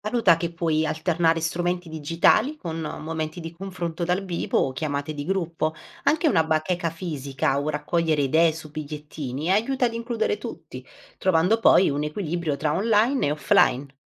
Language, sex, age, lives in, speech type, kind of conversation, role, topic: Italian, female, 30-34, Italy, read, expert-assistant, expert, Come potremmo rendere la pianificazione di un viaggio più divertente e meno stressante?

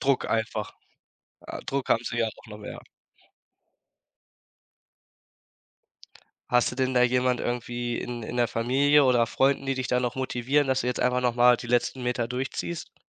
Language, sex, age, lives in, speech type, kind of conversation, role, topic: German, male, 18-19, Germany, conversation, advice, advisor, Wie gehe ich damit um, dass mir nach einem Erfolg Motivation und Lebenssinn fehlen?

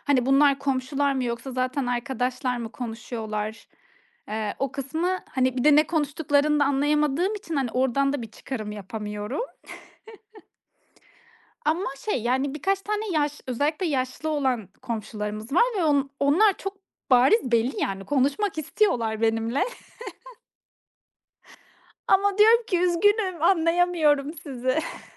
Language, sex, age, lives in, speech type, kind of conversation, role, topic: Turkish, female, 30-34, Estonia, conversation, podcast, guest, Komşuluk bağlarını güçlendirmenin basit yolları nelerdir?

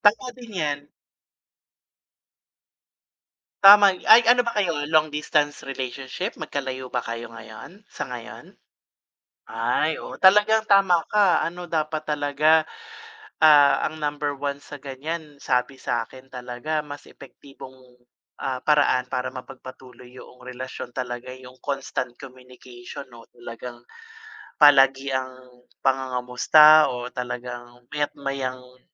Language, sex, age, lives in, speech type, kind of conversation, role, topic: Filipino, male, 45-49, Philippines, conversation, unstructured, none, Paano mo nalalaman kung tunay ang pagmamahal ng isang tao?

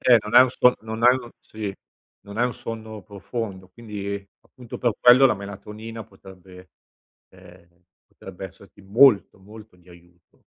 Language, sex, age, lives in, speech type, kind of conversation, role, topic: Italian, male, 50-54, Italy, conversation, advice, advisor, Come posso creare una routine della buonanotte coerente ogni sera?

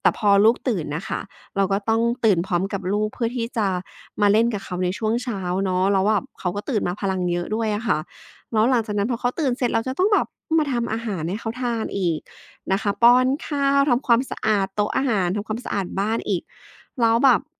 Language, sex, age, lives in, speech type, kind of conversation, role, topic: Thai, female, 35-39, Thailand, conversation, advice, user, ไม่รู้จะจัดเวลาพักผ่อนกับงานอย่างไรให้สมดุล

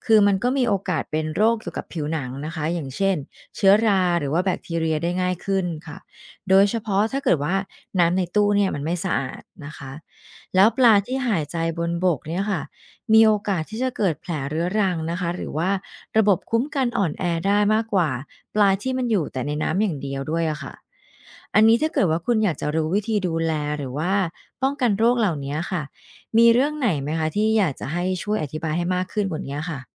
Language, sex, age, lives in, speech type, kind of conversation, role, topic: Thai, female, 45-49, Thailand, read, expert-assistant, expert, ทำไมปลาบางชนิดจึงสามารถหายใจบนบกได้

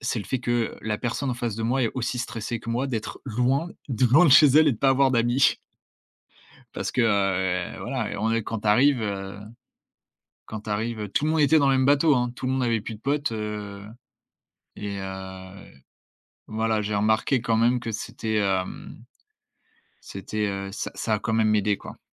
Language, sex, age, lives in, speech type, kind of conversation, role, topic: French, male, 20-24, France, conversation, podcast, guest, Comment t’y prends-tu pour te faire des amis quand tu arrives quelque part ?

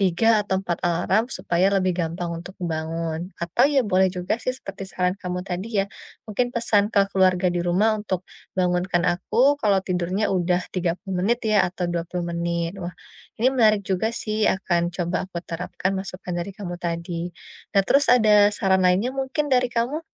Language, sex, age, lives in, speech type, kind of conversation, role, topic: Indonesian, female, 30-34, Indonesia, conversation, advice, user, Bagaimana saya bisa memanfaatkan tidur siang untuk menambah energi?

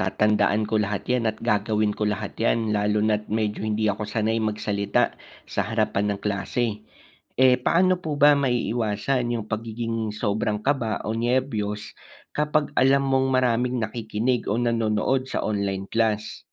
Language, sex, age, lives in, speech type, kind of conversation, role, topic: Filipino, male, 25-29, Philippines, read, expert-assistant, asker, Ano ang epekto ng online learning sa pagkatuto ng mga estudyante?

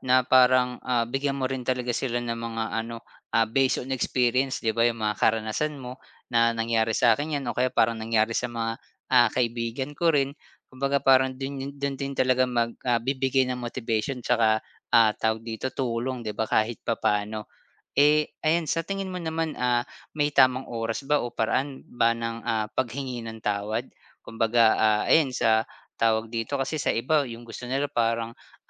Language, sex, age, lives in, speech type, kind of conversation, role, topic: Filipino, male, 30-34, Philippines, conversation, podcast, host, Ano ang pinakamahalagang natutunan mo sa paghingi ng tawad?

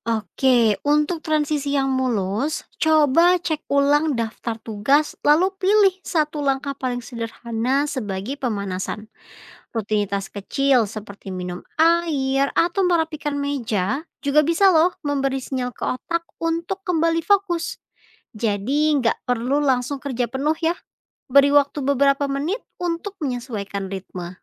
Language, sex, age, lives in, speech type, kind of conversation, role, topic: Indonesian, female, 25-29, Indonesia, read, expert-assistant, expert, Bagaimana cara menentukan prioritas ketika mengelola beberapa proyek digital sekaligus tanpa merasa stres?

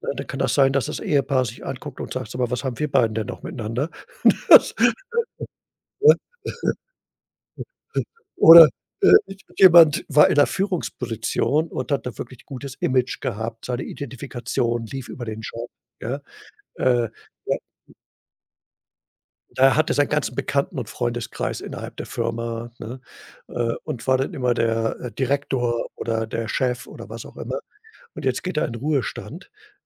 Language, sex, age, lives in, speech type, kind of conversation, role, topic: German, male, 65-69, Germany, conversation, podcast, guest, Woran merkst du, dass es Zeit für eine Veränderung ist?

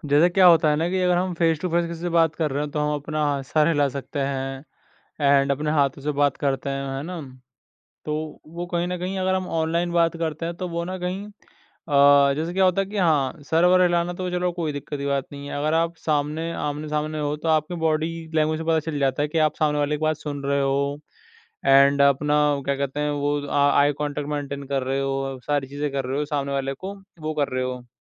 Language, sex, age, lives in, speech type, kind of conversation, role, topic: Hindi, male, 20-24, India, conversation, podcast, host, Video calls mein body language face-to-face ke muqable kaise badalti hai?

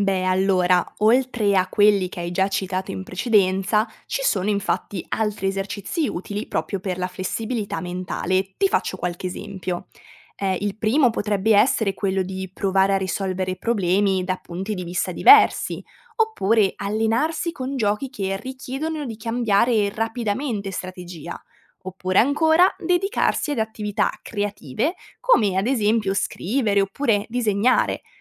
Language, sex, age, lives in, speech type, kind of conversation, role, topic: Italian, female, 20-24, Italy, read, expert-assistant, expert, Come possiamo allenare la mente per sviluppare flessibilità e pensiero creativo?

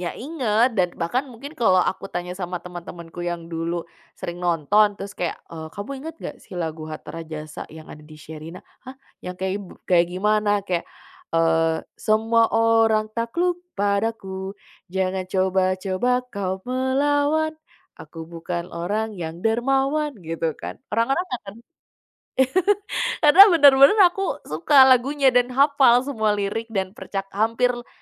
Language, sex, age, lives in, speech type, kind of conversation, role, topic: Indonesian, female, 25-29, Indonesia, conversation, podcast, guest, Bagaimana film atau musik masa kecilmu memengaruhi dirimu saat ini?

